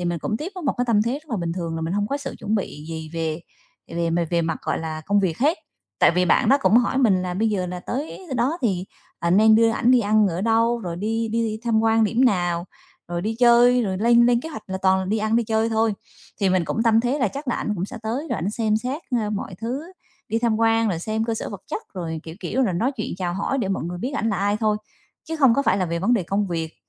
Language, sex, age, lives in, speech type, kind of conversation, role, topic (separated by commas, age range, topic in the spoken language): Vietnamese, female, 35-39, Vietnam, conversation, advice, user, Làm thế nào để lắng nghe và xử lý lời phê bình một cách lành mạnh mà không buồn?